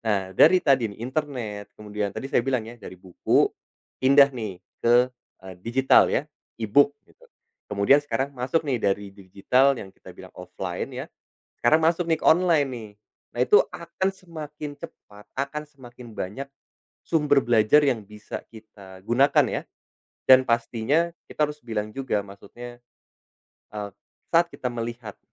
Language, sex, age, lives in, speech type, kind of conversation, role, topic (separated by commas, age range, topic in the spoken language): Indonesian, male, 30-34, Indonesia, conversation, podcast, guest, Bagaimana kamu memilih sumber belajar yang bisa dipercaya?